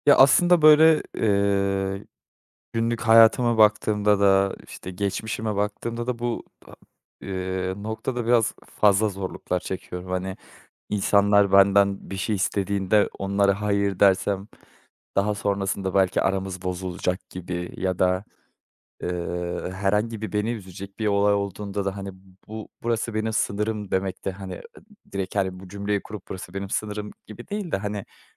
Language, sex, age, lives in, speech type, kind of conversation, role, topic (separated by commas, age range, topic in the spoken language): Turkish, male, 25-29, Netherlands, conversation, advice, user, Sınır koymakta zorlanma ve "hayır" diyememe